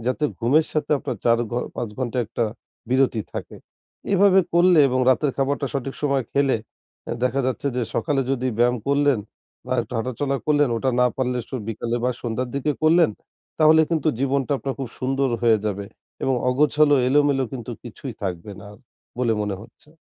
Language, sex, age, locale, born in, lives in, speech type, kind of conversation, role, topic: Bengali, male, 25-29, bn-BD, Bangladesh, Bangladesh, conversation, advice, advisor, দৈনন্দিন রুটিনে অনুশীলন কীভাবে নিয়মিত বজায় রাখব?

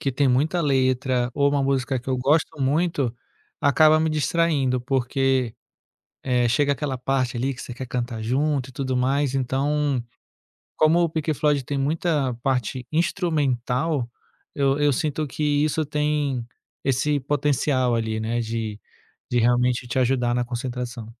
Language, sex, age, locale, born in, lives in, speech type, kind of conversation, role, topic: Portuguese, male, 35-39, pt-BR, Brazil, France, conversation, podcast, guest, O que é essencial para criar uma rotina de estudos sustentável?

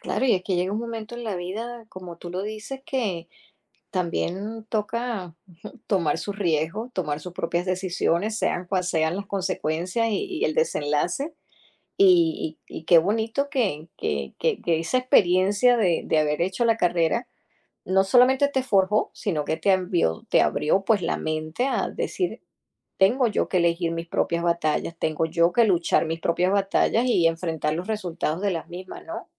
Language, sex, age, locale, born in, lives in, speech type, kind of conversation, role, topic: Spanish, female, 50-54, es-US, Venezuela, United States, conversation, podcast, host, ¿Cómo influyen las expectativas de tus padres en tus decisiones?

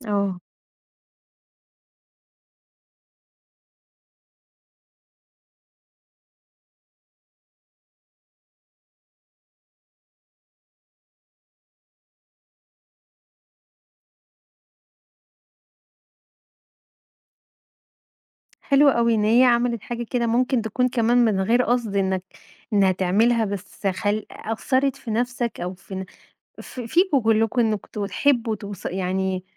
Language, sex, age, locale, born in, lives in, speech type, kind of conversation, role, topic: Arabic, female, 35-39, ar-EG, Egypt, Egypt, conversation, podcast, host, ازاي قرّرت تسيب شغل كنت مرتاح فيه؟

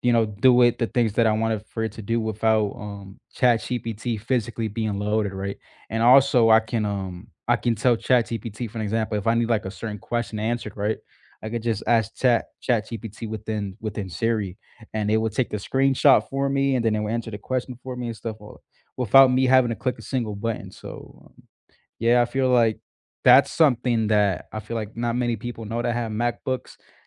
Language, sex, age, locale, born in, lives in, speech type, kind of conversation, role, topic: English, male, 20-24, en-US, United States, United States, conversation, unstructured, none, What small tech hacks save you minutes each day, and which ones do you share with friends?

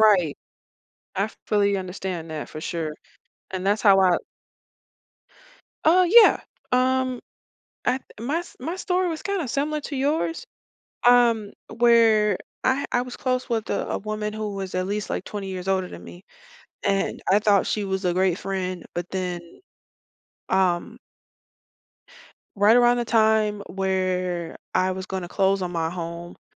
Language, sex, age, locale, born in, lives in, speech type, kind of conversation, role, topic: English, female, 35-39, en-US, United States, United States, conversation, unstructured, none, How do you stay motivated when others doubt your ambitions?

